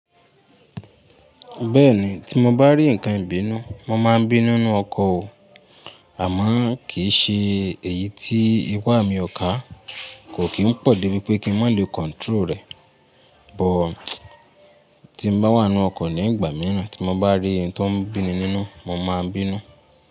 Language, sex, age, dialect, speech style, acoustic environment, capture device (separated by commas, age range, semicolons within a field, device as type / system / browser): Yoruba, male, 25-34, Nigeria - Ibadan, happy, silence, mobile / Linux / Chrome